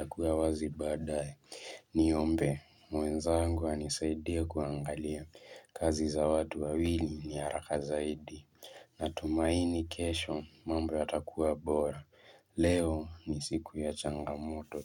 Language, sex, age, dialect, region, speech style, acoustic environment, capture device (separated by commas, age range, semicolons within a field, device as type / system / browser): Swahili, male, 18-24, Kenya - Mombasa, Kenya, relaxed, silence, mobile / Linux / Chrome